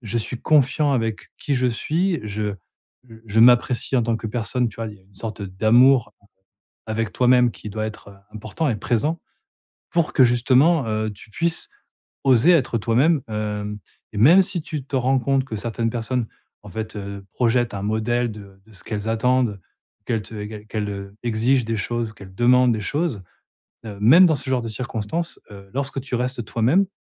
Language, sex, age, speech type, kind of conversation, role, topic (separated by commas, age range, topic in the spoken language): French, male, 40-44, conversation, advice, advisor, Comment fixer des limites saines et définir des attentes claires au début d’une nouvelle relation ?